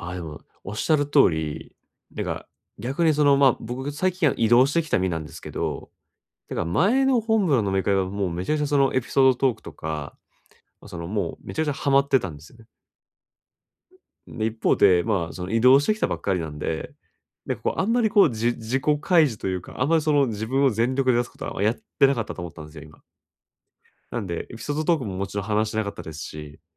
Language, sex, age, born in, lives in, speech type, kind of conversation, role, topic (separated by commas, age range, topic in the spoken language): Japanese, male, 30-34, Japan, Japan, conversation, advice, user, 気まずい会話をどうやって乗り切ればいいですか？